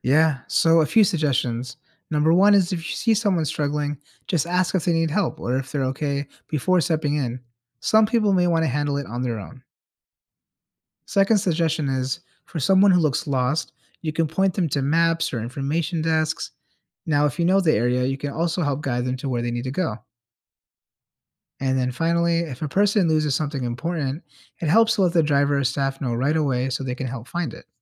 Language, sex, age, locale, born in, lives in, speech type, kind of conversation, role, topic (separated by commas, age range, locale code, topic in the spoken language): English, male, 30-34, en-US, United States, United States, read, expert-assistant, expert, How can you safely and responsibly use public transportation?